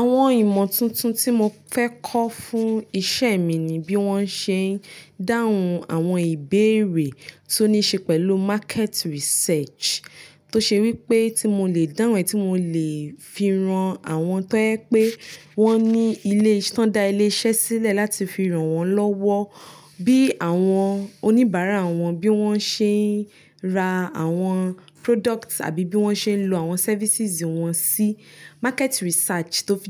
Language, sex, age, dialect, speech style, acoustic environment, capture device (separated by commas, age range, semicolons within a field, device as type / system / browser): Yoruba, female, 25-34, Nigeria - Nigerian Standard, focused, nature, mobile / Linux / Chrome